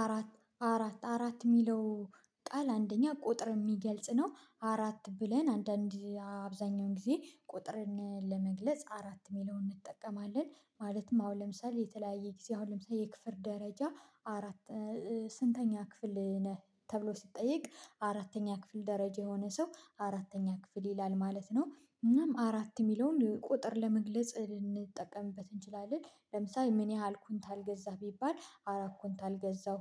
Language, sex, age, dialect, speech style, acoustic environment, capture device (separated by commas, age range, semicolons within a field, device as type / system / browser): Amharic, female, 25-34, Ethiopia - Gojjam, focused, silence, mobile / Linux / Chrome